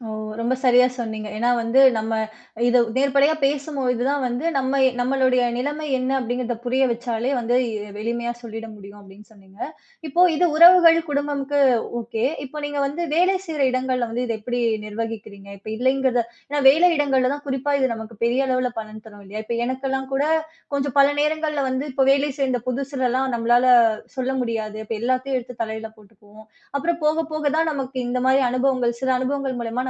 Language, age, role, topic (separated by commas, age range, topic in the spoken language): Tamil, 30-34, host, உங்களுக்கு பயனளிக்கும் நேரங்களில் “இல்லை” என்று மரியாதையாகவும் தெளிவாகவும் நீங்கள் எப்படிச் சொல்கிறீர்கள்?